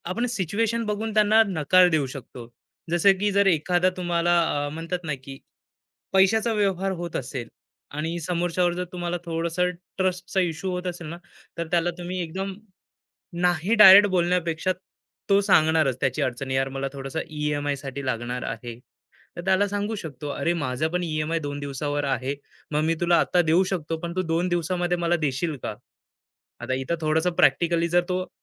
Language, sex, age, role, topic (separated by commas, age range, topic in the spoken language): Marathi, male, 25-29, guest, नकार द्यायचा असला तर तुम्ही कसं सांगता?